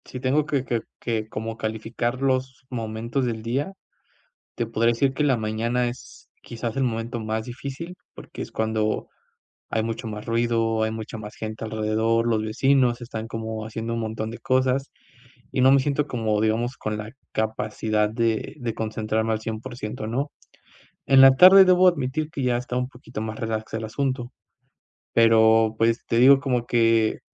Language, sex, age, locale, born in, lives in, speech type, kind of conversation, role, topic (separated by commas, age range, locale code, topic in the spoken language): Spanish, male, 25-29, es-MX, Mexico, Mexico, conversation, advice, user, ¿Cómo puedo dejar de procrastinar aunque esté decidido y establecer un sistema de responsabilidad que realmente funcione?